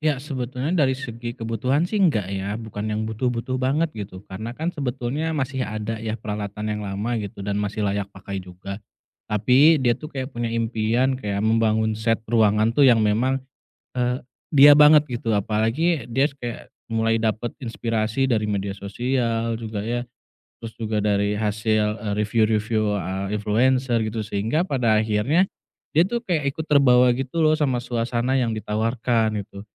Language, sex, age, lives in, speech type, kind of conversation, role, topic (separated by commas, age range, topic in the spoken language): Indonesian, male, 30-34, Indonesia, conversation, advice, user, Bagaimana cara menyampaikan gagasan dengan jelas saat berbicara di depan kelompok?